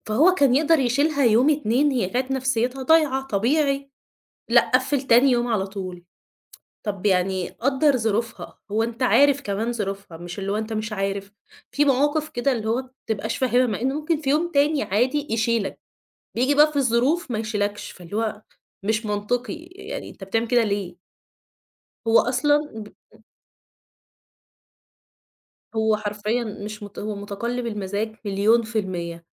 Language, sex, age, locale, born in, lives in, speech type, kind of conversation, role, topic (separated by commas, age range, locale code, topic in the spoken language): Arabic, female, 20-24, ar-EG, Egypt, Egypt, conversation, podcast, guest, إزاي بتتعامل مع مدير صعب؟